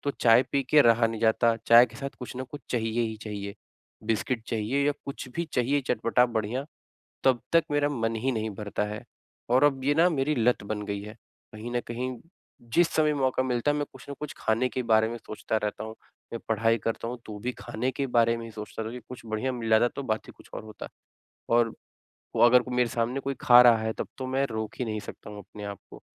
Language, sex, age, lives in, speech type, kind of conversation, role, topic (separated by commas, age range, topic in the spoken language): Hindi, male, 25-29, India, conversation, advice, user, अचानक लगने वाली भूख और तले-भुने नाश्तों के त्वरित लालच से मैं कैसे निपटूँ?